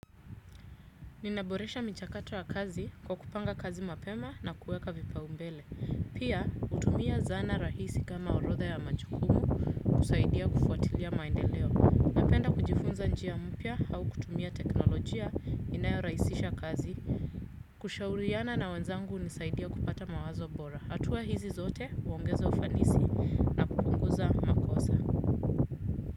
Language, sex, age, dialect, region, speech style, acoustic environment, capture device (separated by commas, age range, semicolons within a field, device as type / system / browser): Swahili, female, 35-44, Kenya - Nairobi Sheng-influenced, Kenya, happy, silence, desktop / Windows / Chrome